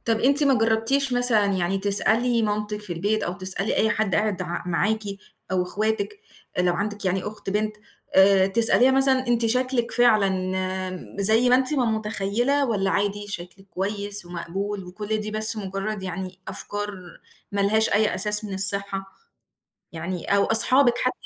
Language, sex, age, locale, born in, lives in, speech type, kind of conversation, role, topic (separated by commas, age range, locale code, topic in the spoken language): Arabic, female, 35-39, ar-EG, Egypt, Egypt, conversation, advice, advisor, إزاي شعورك تجاه شكل جسمك بيأثر على ثقتك بنفسك كل يوم؟